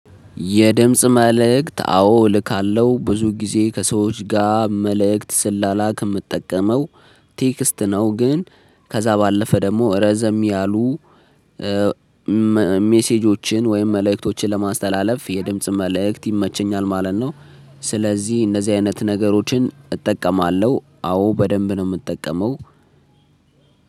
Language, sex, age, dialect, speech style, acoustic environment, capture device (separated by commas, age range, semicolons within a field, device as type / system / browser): Amharic, male, 25-34, Ethiopia - Addis Ababa, focused; excited, silence; people talking, mobile / Linux / Chrome